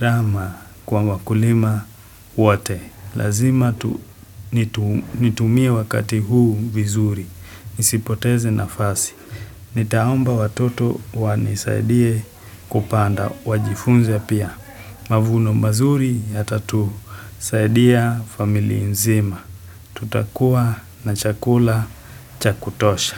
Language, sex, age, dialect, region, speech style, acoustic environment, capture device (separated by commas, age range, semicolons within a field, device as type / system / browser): Swahili, male, 25-34, Kenya - Mombasa, Kenya, happy, silence, desktop / Windows / Chrome